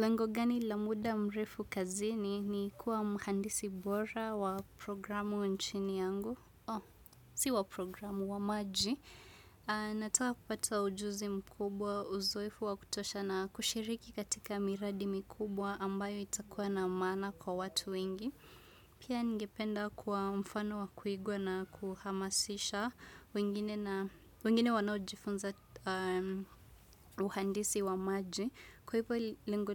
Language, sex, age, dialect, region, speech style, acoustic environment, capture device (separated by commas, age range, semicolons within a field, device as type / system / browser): Swahili, female, 25-34, Kenya - Nairobi Sheng-influenced, Kenya, relaxed, music; silence, desktop / Windows / Chrome